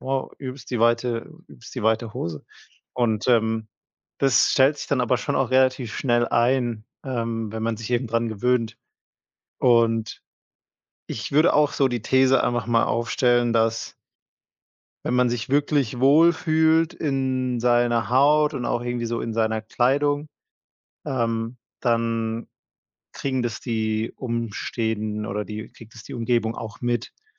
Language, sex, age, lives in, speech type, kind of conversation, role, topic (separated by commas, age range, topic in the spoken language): German, male, 30-34, Germany, conversation, podcast, guest, Was war der größte Stilwechsel in deinem Leben?